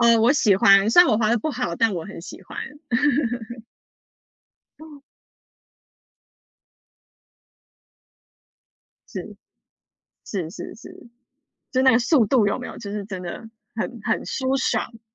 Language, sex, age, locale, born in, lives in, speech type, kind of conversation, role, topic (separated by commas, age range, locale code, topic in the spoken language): Chinese, female, 30-34, zh-TW, United States, United States, conversation, unstructured, none, 你会担心因为长时间不运动而导致身体机能下降吗？